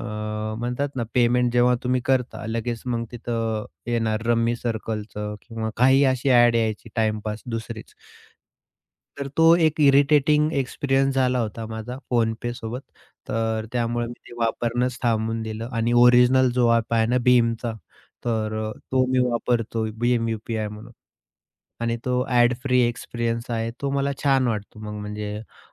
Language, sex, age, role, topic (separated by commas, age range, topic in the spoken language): Marathi, male, 30-34, guest, डिजिटल पेमेंट वापरायला सुरुवात कशी केली?